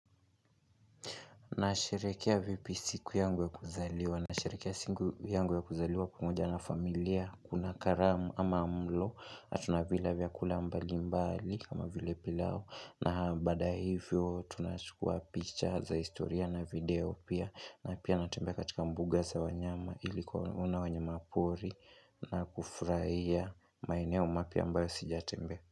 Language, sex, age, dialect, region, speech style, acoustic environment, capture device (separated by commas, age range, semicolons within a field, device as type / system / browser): Swahili, male, 18-24, Kenya - Nairobi Sheng-influenced, Kenya, relaxed, music, desktop / Linux / Chrome